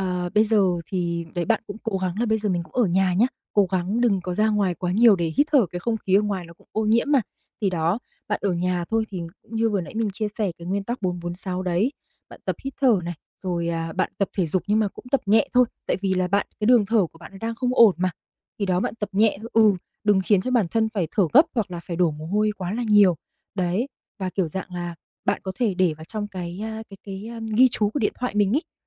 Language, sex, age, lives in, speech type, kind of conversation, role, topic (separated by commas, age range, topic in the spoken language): Vietnamese, female, 25-29, Vietnam, conversation, advice, advisor, Bạn có thường lo lắng quá mức về sức khỏe khi chỉ gặp những triệu chứng nhỏ không?